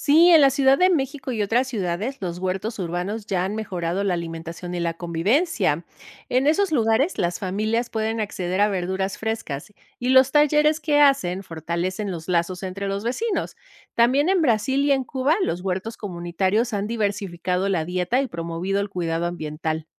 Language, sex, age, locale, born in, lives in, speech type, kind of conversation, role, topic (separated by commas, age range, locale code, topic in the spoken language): Spanish, female, 50-54, es-MX, Mexico, Mexico, read, expert-assistant, expert, ¿Cómo podríamos adaptar los cultivos tradicionales para que resistan mejor el cambio climático?